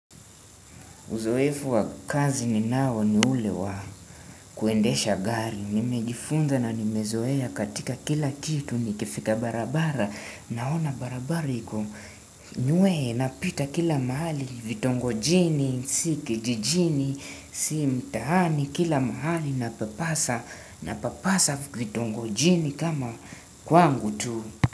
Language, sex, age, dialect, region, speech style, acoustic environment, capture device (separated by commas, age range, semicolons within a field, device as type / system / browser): Swahili, male, 18-24, Kenya - Nairobi Sheng-influenced, Kenya, tired, nature, desktop / Windows / Chrome